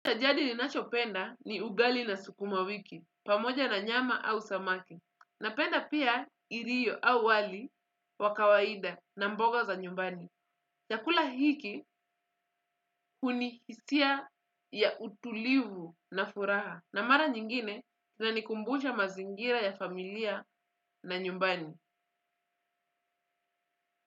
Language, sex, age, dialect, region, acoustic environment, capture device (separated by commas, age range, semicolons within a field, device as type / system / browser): Swahili, female, 25-34, Kenya - Mombasa, Kenya, silence, desktop / Windows / Chrome